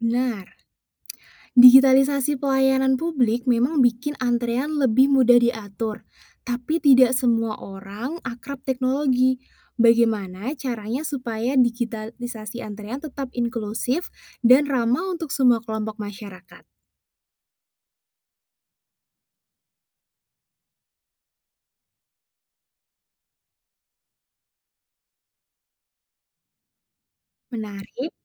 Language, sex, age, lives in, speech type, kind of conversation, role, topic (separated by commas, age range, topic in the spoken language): Indonesian, female, 20-24, Indonesia, read, expert-assistant, asker, Apa yang membuat orang di Indonesia punya cara berbeda dalam menghadapi antrian atau pelayanan publik?